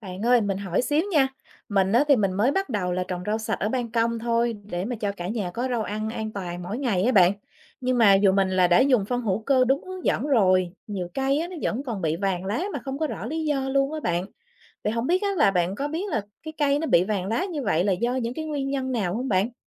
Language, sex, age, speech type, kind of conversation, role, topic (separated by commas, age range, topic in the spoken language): Vietnamese, female, 35-39, read, expert-assistant, asker, Làm thế nào chăm sóc rau trồng ban công tránh vàng lá và sâu bệnh?